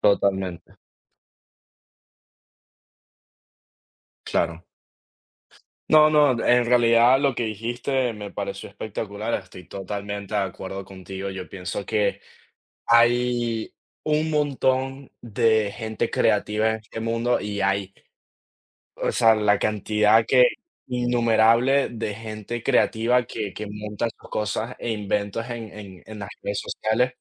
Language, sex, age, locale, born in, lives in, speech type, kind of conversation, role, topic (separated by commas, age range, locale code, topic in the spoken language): Spanish, male, 20-24, es-VE, Venezuela, United States, conversation, unstructured, none, ¿Crees que las redes sociales dañan la verdadera creatividad?